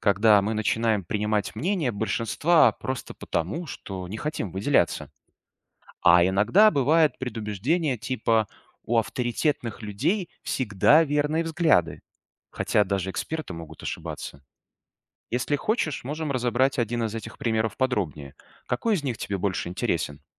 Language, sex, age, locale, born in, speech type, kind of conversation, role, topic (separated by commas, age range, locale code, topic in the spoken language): Russian, male, 35-39, ru-RU, Belarus, read, expert-assistant, expert, Почему важно развивать критическое мышление